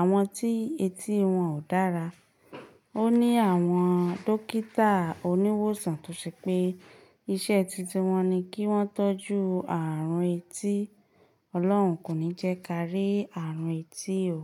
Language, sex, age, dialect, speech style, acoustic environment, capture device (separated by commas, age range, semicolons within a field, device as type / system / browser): Yoruba, female, 25-34, Nigeria - Ibadan, focused, silence, mobile / Linux / Chrome